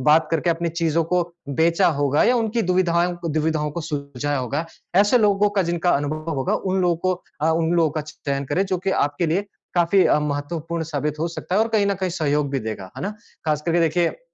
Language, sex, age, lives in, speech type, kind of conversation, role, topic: Hindi, male, 30-34, India, conversation, advice, advisor, टीम बनाना और नेतृत्व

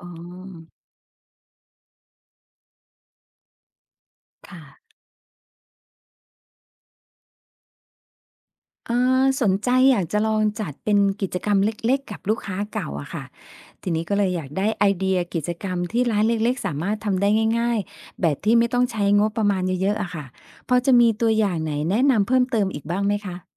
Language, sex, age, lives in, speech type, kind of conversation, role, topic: Thai, female, 50-54, Thailand, read, expert-assistant, asker, ทำไมแผนธุรกิจที่เคยใช้ได้ผลกลับไม่เวิร์กในปีนี้—ควรเริ่มเช็กตรงไหนก่อน?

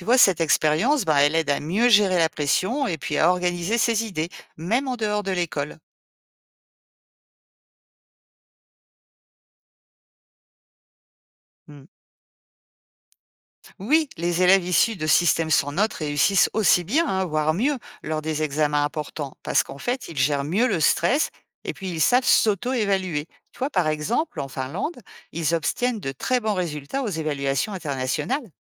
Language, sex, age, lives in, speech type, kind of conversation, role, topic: French, female, 50-54, France, read, expert-assistant, expert, Imagine un système scolaire sans notes ni examens : comment apprendrait-on autrement ?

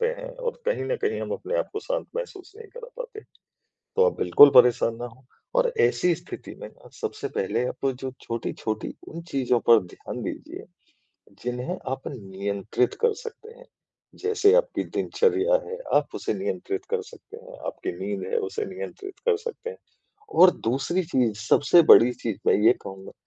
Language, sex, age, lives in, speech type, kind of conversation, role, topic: Hindi, male, 40-44, India, conversation, advice, advisor, मैं अनिश्चितता के समय शांत और सहज कैसे रह सकता/सकती हूँ?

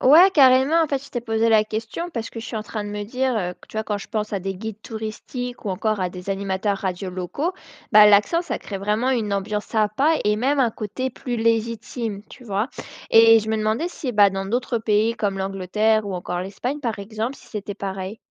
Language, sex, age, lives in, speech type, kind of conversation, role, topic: French, female, 25-29, France, read, expert-assistant, asker, Qu'est-ce qu'un accent, et comment influence-t-il la façon dont on perçoit une langue ou une personne ?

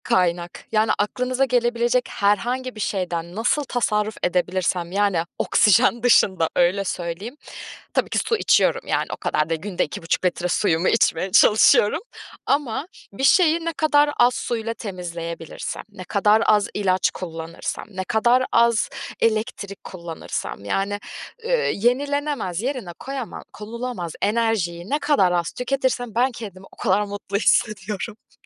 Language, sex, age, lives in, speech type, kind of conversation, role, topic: Turkish, female, 25-29, Germany, conversation, podcast, guest, Çevre dostu alışkanlıklarını bizimle paylaşır mısın?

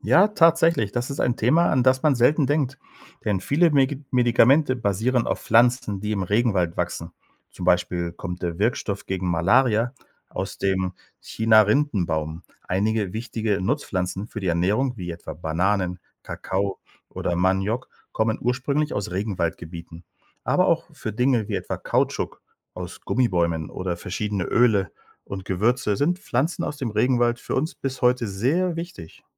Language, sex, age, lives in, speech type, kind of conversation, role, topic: German, male, 45-49, Spain, read, expert-assistant, expert, Wie entsteht ein Regenwald?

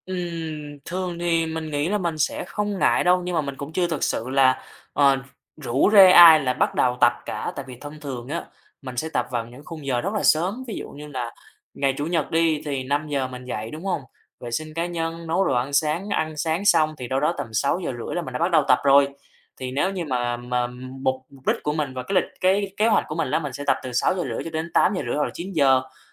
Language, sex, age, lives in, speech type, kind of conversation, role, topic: Vietnamese, male, 20-24, Vietnam, conversation, advice, user, Bạn có đang ngại bắt đầu tập luyện vì tuổi tác hoặc thể trạng kém không?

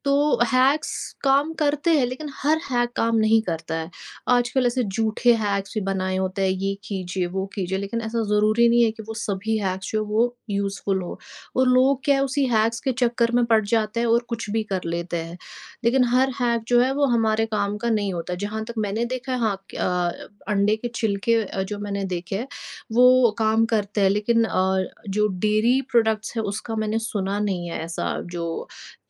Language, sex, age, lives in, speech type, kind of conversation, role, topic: Hindi, female, 25-29, India, conversation, podcast, guest, Simple composting shuru karne ke basic steps kya hote hain?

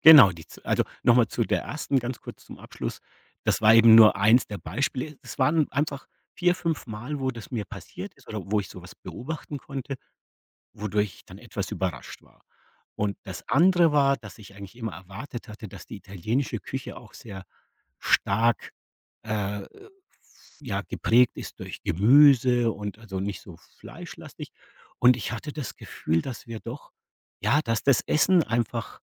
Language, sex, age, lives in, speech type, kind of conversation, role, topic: German, male, 50-54, Germany, conversation, podcast, guest, Was war die überraschendste Entdeckung auf einer Reise?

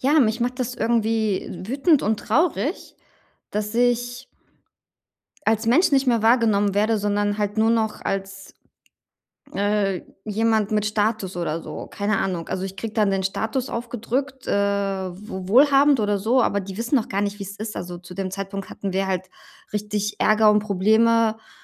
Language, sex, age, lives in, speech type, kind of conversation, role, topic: German, female, 35-39, Germany, conversation, advice, user, Wie gehe ich mit Neid und Erwartungsdruck in meinem Umfeld um?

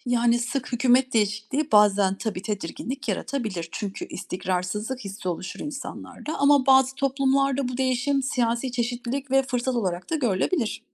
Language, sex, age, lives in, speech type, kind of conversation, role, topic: Turkish, female, 40-44, Germany, read, expert-assistant, expert, Farklı ülkelerde seçim sistemleri nasıl farklı sonuçlar doğurabilir ve bu farklar toplumu nasıl etkiler?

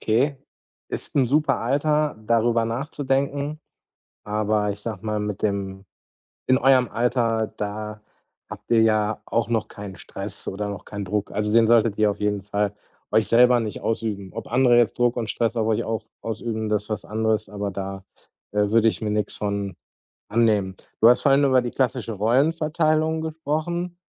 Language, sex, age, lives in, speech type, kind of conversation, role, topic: German, male, 40-44, Germany, conversation, advice, advisor, Wie können wir unsere langfristigen Beziehungsziele gemeinsam klären?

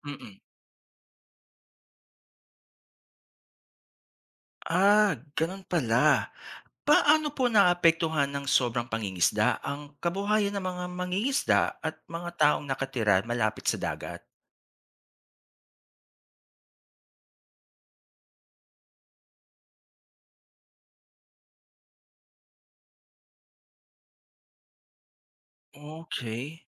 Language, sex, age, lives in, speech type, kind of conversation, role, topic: Filipino, male, 40-44, Philippines, read, expert-assistant, asker, Ano ang epekto ng sobrang pangingisda sa dagat?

